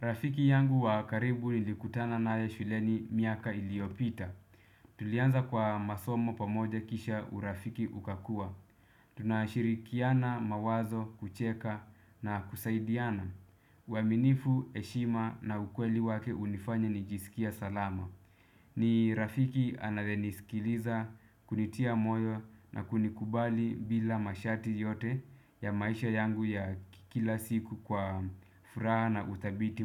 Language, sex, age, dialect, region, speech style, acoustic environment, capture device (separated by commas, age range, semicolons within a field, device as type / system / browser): Swahili, male, 25-34, Kenya - Nairobi Sheng-influenced, Kenya, relaxed; focused, music; people talking, desktop / Windows / Chrome